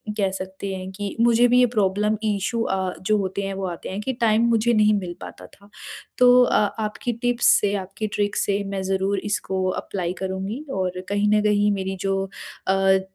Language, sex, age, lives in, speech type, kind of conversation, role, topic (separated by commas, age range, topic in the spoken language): Hindi, female, 40-44, India, conversation, podcast, host, Busy life mein time kaise nikala jaa sakta hai?